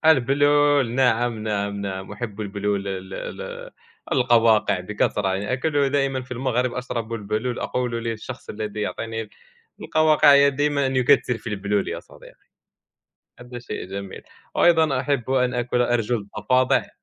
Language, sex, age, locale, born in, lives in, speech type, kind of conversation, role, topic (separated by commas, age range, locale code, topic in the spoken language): Arabic, male, 20-24, ar-MA, Morocco, France, conversation, unstructured, none, إيه المطبخ العالمي اللي نفسك تتقن فنونه؟